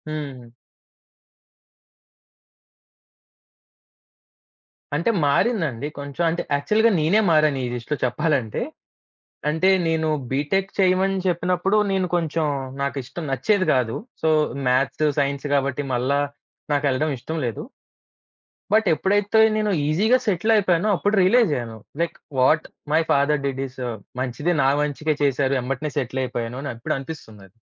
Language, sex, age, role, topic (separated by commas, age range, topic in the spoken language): Telugu, male, 25-29, guest, కుటుంబంలో ఉన్న వాతావరణం మీకు చదువు ఆనందంగా అనిపించేందుకు ఎలా సహాయపడింది?